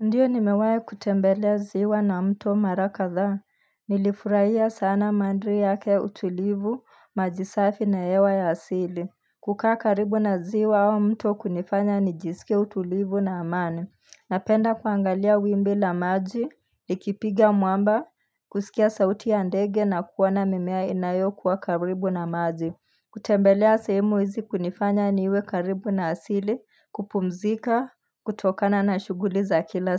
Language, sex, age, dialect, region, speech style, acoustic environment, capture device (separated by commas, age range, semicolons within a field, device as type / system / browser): Swahili, female, 25-34, Kenya - Nairobi Sheng-influenced, Kenya, relaxed, nature; silence, mobile / Linux / Chrome